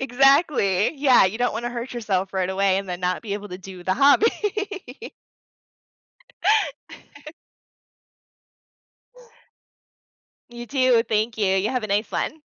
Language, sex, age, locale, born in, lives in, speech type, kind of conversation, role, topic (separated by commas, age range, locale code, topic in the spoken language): English, female, 30-34, en-US, United States, United States, conversation, podcast, guest, What helps people stay motivated and enjoy learning something new?